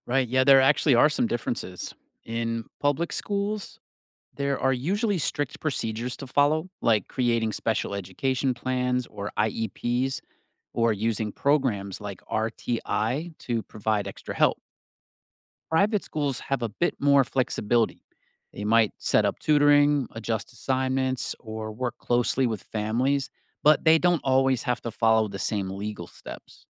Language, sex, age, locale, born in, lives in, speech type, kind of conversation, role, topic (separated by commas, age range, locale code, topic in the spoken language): English, male, 45-49, en-US, United States, United States, read, expert-assistant, expert, How do teaching approaches differ in public vs private schools?